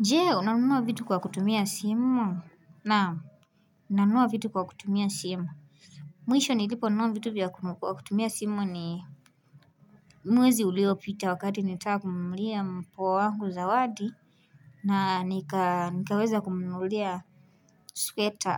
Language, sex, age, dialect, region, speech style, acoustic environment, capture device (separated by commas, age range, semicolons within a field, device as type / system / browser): Swahili, female, 18-24, Kenya - Nairobi Sheng-influenced, Kenya, relaxed, music, mobile / Linux / Chrome